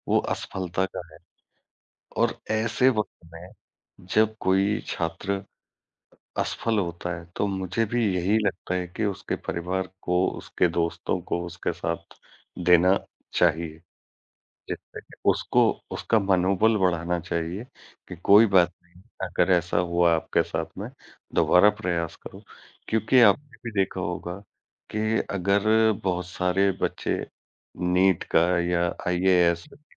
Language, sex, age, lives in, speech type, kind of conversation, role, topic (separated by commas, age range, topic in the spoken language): Hindi, male, 40-44, India, conversation, unstructured, none, क्या आपको लगता है कि पढ़ाई में असफल होना भी सीखने की प्रक्रिया का हिस्सा है?